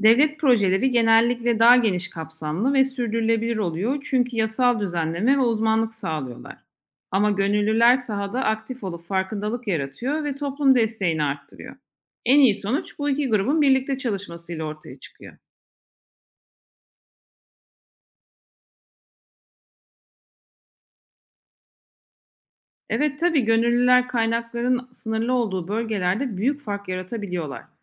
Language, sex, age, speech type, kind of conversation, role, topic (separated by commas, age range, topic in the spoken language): Turkish, female, 40-44, read, expert-assistant, expert, Yaban hayvanlarını korumada gönüllü çalışmalar mı yoksa devlet projeleri mi daha etkili olabilir?